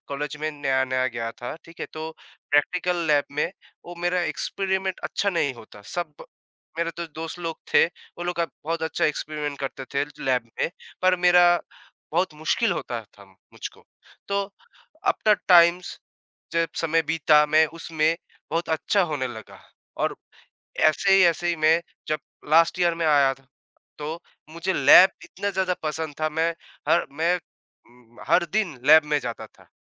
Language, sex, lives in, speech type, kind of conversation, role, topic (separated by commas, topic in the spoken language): Hindi, male, India, conversation, unstructured, none, आपको पढ़ाई में सबसे मज़ेदार क्या लगता है?